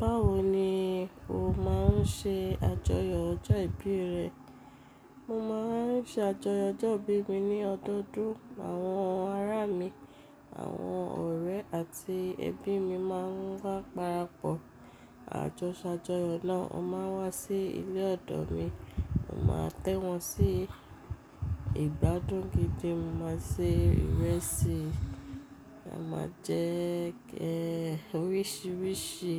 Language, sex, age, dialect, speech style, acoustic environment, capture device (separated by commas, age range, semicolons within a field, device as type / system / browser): Yoruba, female, 35-44, Nigeria - Lagos Yoruba, relaxed, silence, mobile / Linux / Chrome